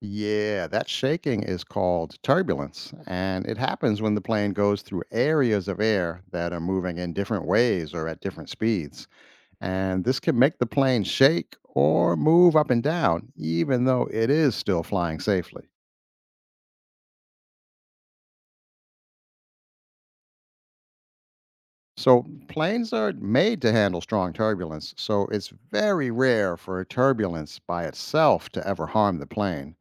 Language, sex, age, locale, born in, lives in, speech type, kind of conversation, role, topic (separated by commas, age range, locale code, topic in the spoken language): English, male, 50-54, en-US, United States, United States, read, expert-assistant, expert, How do airplanes stay airborne despite their weight?